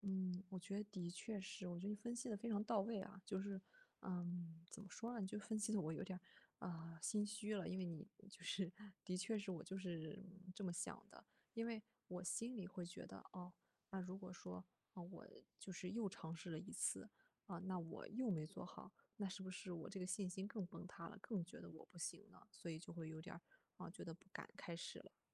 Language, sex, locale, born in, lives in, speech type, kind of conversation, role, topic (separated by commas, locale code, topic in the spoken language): Chinese, female, zh-CN, China, Germany, conversation, advice, user, 如何找回动力并完成之前未完成的项目？